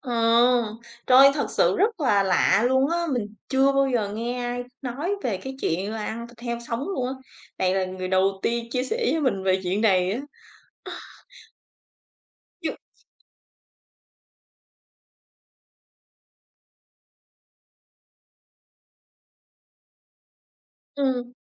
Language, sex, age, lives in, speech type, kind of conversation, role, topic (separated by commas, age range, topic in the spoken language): Vietnamese, female, 35-39, Vietnam, conversation, podcast, host, Món ăn nào khiến bạn nhớ nhất về gia đình mình?